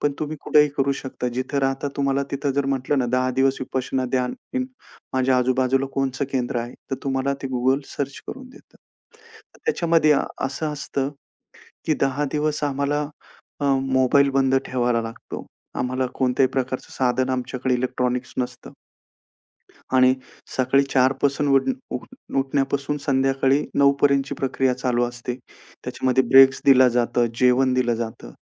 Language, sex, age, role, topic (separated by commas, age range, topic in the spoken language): Marathi, male, 35-39, guest, तुमची ध्यान किंवा श्वसनाची दिनचर्या कशी असते?